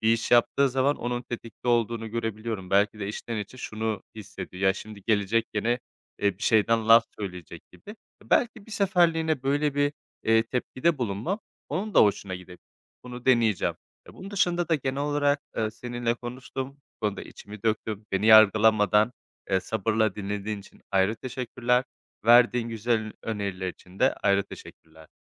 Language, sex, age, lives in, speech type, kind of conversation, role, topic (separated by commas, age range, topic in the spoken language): Turkish, male, 25-29, Spain, conversation, advice, user, Mükemmeliyetçilik yüzünden sürekli stres yaşıyorum ve ertelemeye başvuruyorum